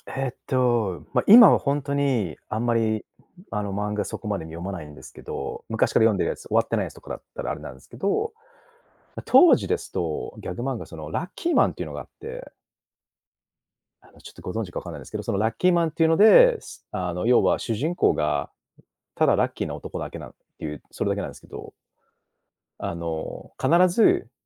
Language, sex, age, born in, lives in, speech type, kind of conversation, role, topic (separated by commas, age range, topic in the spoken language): Japanese, male, 35-39, Japan, Japan, conversation, podcast, guest, あなたが好きな漫画は何ですか？